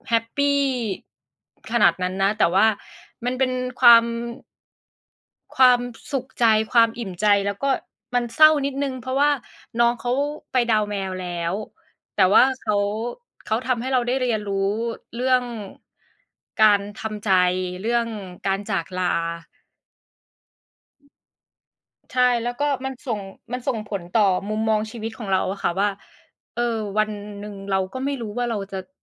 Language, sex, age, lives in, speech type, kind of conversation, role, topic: Thai, female, 30-34, Thailand, conversation, unstructured, none, ความทรงจำอะไรที่ทำให้คุณยิ้มได้ทันที?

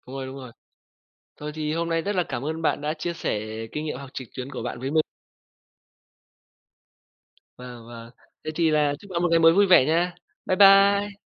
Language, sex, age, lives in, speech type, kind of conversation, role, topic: Vietnamese, male, 25-29, Vietnam, conversation, unstructured, none, Bạn nghĩ gì về việc học trực tuyến so với học truyền thống?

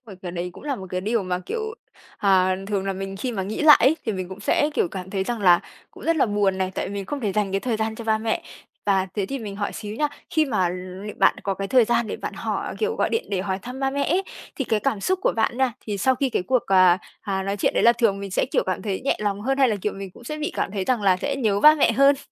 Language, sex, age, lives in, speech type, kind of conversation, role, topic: Vietnamese, female, 25-29, Vietnam, conversation, advice, advisor, Làm sao để giữ liên lạc một cách chân thành và có chiều sâu với những người quen?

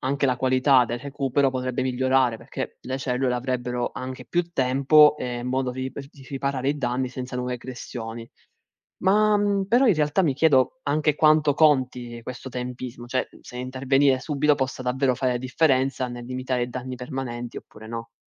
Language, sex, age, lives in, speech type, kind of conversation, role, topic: Italian, male, 20-24, Italy, read, expert-assistant, asker, Quali sono le basi per comprendere il funzionamento del sistema nervoso umano?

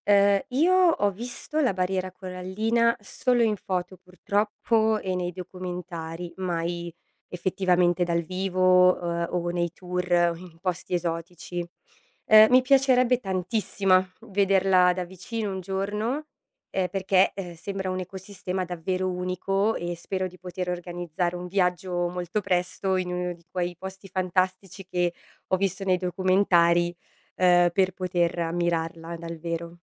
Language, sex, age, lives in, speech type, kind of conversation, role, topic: Italian, female, 30-34, Italy, read, expert-assistant, asker, Perché il ghiaccio galleggia sull’acqua?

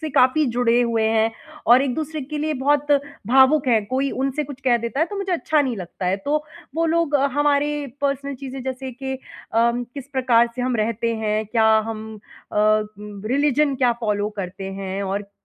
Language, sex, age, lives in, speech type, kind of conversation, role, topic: Hindi, female, 30-34, India, conversation, advice, user, मैं भावनात्मक बोझ से जल्दी कैसे उबरूँ और शांत कैसे महसूस करूँ?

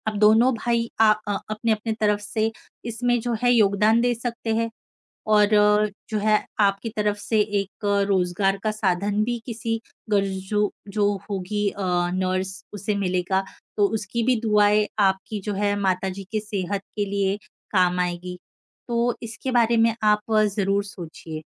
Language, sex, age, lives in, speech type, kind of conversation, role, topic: Hindi, female, 25-29, India, conversation, advice, advisor, परिवार में किसी बुजुर्ग की जिम्मेदारी अचानक संभालनी पड़ने पर आप इससे कैसे निपटते हैं?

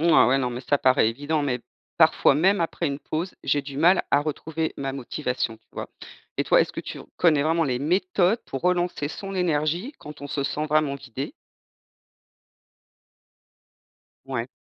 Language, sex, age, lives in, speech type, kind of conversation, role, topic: French, female, 50-54, France, read, expert-assistant, asker, Comment choisir ce qui est vraiment important quand tout semble urgent et stressant ?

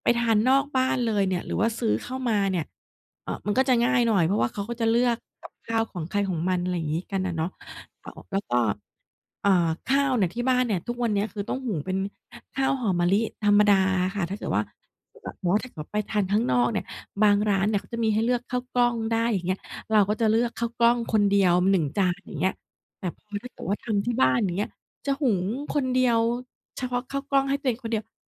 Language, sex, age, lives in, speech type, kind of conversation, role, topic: Thai, female, 40-44, Thailand, conversation, advice, user, จะทำอย่างไรดีเมื่อสมาชิกในบ้านไม่ชอบอาหารเพื่อสุขภาพจนจัดเมนูร่วมกันได้ยาก?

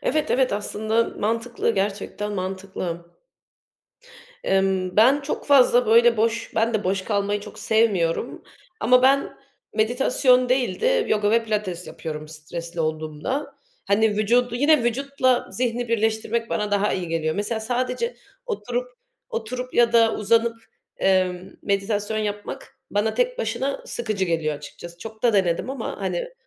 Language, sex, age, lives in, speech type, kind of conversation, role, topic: Turkish, female, 25-29, Germany, conversation, unstructured, none, Kendine iyi bakmak için neler yaparsın?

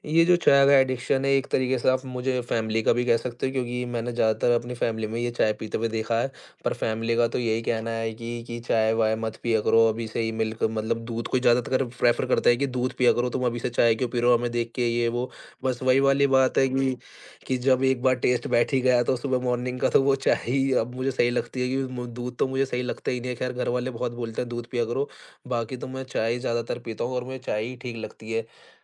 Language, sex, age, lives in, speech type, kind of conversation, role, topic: Hindi, male, 20-24, India, conversation, podcast, guest, Tumhari morning routine mein chai ya coffee ka kya role hota hai?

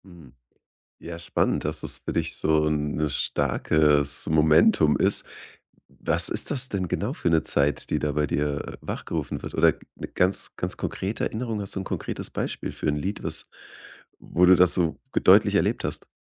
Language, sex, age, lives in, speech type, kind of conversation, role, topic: German, male, 35-39, Germany, conversation, podcast, host, Welche Rolle spielt Nostalgie für deinen Musikgeschmack?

